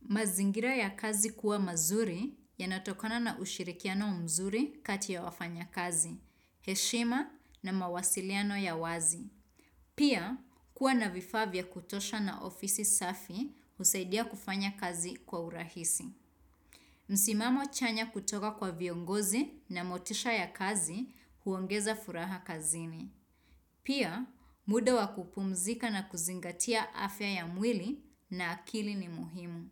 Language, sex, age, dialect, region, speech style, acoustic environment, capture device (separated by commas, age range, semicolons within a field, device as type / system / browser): Swahili, female, 25-34, Kenya - Nairobi Sheng-influenced, Kenya, happy; excited; focused; relaxed, nature, desktop / Windows / Chrome